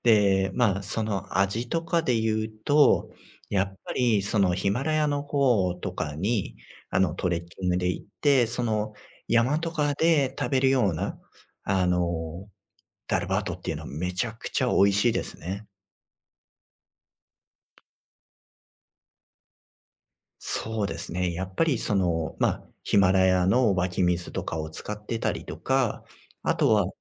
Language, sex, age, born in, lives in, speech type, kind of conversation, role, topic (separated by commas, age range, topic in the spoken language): Japanese, male, 35-39, Japan, Japan, conversation, podcast, guest, 一人旅で食べて忘れられなくなった料理は何ですか?